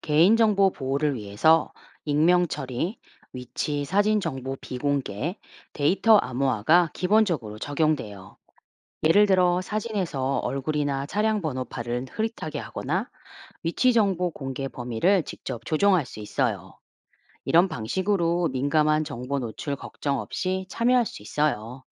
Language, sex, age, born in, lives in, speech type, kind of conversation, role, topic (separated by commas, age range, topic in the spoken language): Korean, female, 45-49, South Korea, United States, read, expert-assistant, expert, 해양생물 다양성 보존을 위해 가장 시급하게 보호해야 할 해양 생물군은 무엇일까요? 그 이유와 우선순위를 어떻게 정할 수 있을까요?